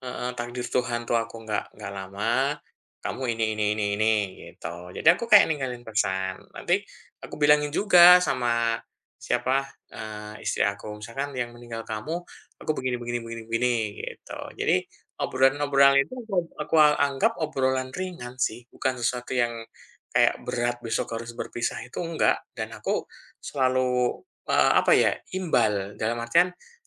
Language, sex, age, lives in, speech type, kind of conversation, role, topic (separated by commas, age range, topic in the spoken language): Indonesian, male, 40-44, Indonesia, conversation, unstructured, none, Apa yang biasanya membuatmu merasa tidak nyaman saat membicarakan kematian?